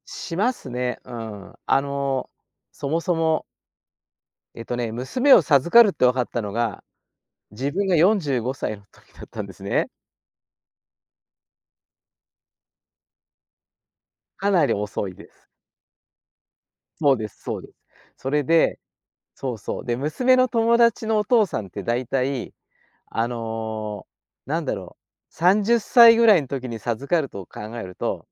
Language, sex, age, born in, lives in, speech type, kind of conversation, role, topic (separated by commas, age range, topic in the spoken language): Japanese, male, 60-64, Japan, Japan, conversation, podcast, guest, 朝はいつも何をしていますか？